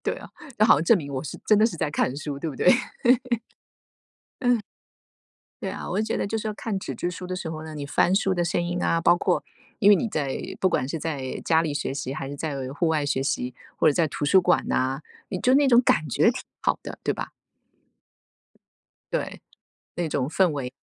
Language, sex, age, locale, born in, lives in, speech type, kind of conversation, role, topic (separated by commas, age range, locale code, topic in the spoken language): Chinese, female, 45-49, zh-TW, China, United States, conversation, unstructured, none, 在学习时，你更喜欢用纸质书还是电子书？